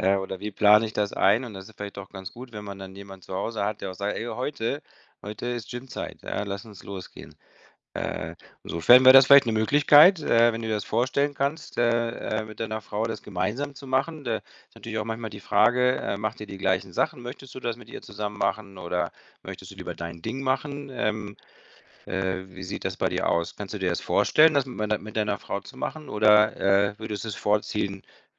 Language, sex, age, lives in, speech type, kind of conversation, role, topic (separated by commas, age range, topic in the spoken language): German, male, 50-54, Spain, conversation, advice, advisor, Wie kann ich trotz Arbeit und Familie Zeit für Sport finden?